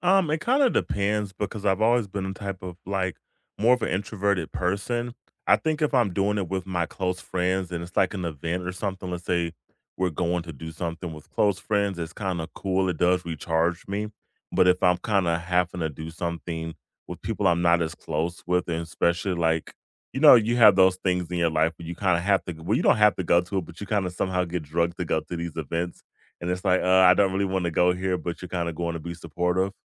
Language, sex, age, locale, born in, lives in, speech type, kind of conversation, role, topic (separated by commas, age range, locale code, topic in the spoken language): English, male, 35-39, en-US, United States, United States, conversation, unstructured, none, How do you recharge after a long day?